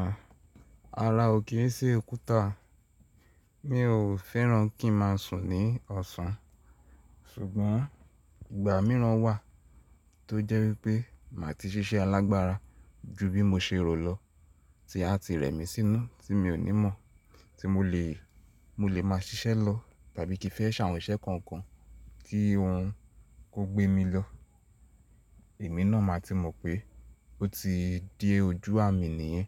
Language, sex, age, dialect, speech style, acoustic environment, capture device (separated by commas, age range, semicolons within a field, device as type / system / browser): Yoruba, male, 18-24, Nigeria - Ibadan, nervous, nature, mobile / Linux / Chrome